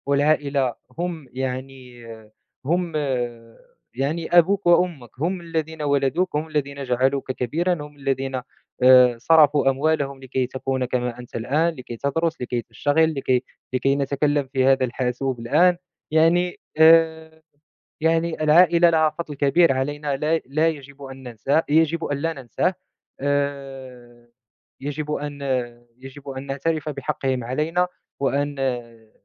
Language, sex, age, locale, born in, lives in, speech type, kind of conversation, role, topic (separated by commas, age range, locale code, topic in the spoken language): Arabic, male, 20-24, ar-MA, Morocco, France, conversation, unstructured, none, إزاي بتوازن بين وقتك مع العيلة ووقتِك مع أصحابك؟